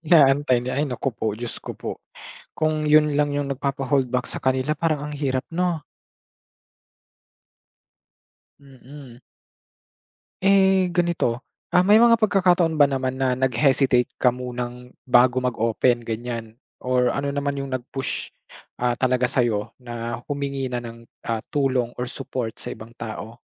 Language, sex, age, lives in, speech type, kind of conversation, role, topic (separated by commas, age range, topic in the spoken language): Filipino, male, 25-29, Philippines, conversation, podcast, host, Paano ka humihingi ng suporta sa mga kaibigan at pamilya mo?